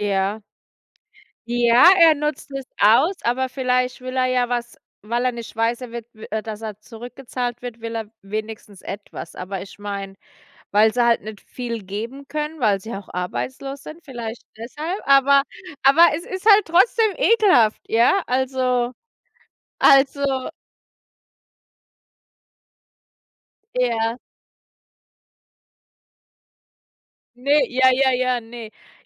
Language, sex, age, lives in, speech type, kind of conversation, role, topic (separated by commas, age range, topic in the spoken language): German, female, 35-39, United States, conversation, unstructured, none, Wie entscheidest du, was richtig oder falsch ist?